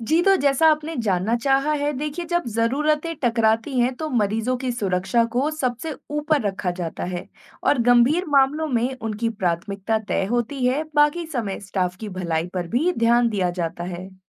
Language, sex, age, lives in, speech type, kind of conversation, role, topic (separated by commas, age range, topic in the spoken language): Hindi, female, 25-29, India, read, expert-assistant, expert, अगर किसी फैसले से अस्पताल के मरीजों और कर्मचारियों दोनों पर असर पड़ सकता है, तो सही फैसला लेने में किन बातों का ध्यान रखें?